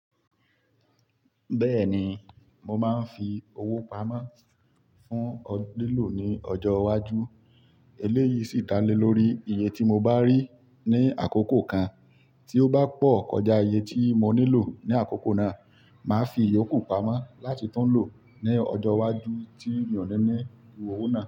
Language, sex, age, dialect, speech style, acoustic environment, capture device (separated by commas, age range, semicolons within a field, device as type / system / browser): Yoruba, male, 25-34, Nigeria - Ibadan, relaxed; happy; focused, silence, mobile / Linux / Chrome